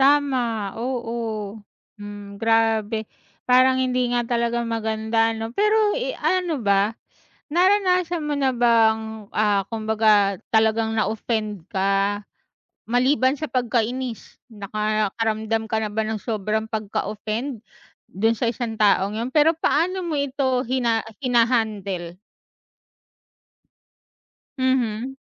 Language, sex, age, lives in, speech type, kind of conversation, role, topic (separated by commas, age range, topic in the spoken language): Filipino, female, 35-39, Philippines, conversation, unstructured, none, Ano ang reaksyon mo kapag may taong gumagamit ng selpon habang nag-uusap kayo?